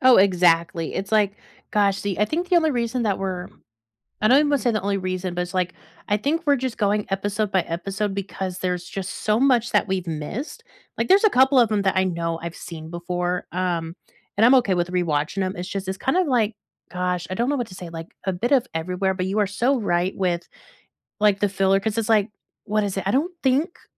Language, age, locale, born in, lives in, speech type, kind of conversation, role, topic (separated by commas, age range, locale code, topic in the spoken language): English, 25-29, en-US, United States, United States, conversation, unstructured, none, What show or movie do you never get tired of rewatching, and why does it feel like home?